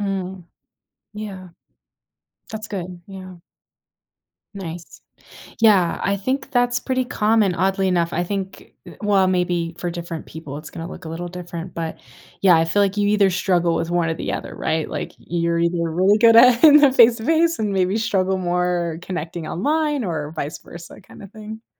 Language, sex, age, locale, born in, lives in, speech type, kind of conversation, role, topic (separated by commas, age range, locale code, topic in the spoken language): English, female, 25-29, en-US, United States, United States, conversation, advice, advisor, How can I start conversations confidently?